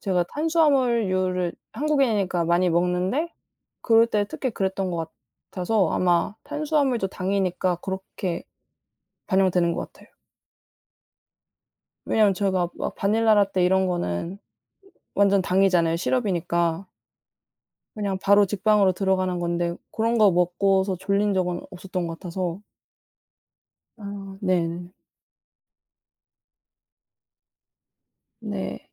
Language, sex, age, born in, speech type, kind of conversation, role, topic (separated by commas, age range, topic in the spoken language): Korean, female, 20-24, South Korea, conversation, unstructured, none, 건강을 위해 가장 필요한 습관은 무엇이라고 생각하나요?